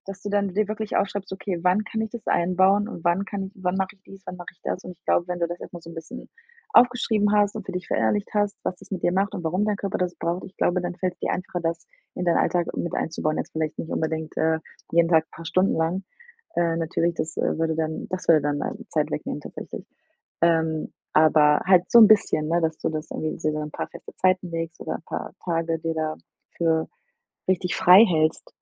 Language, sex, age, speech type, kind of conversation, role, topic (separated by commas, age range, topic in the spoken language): German, female, 25-29, conversation, advice, advisor, Warum kann ich mich trotz vieler Freizeitangebote nicht entspannen?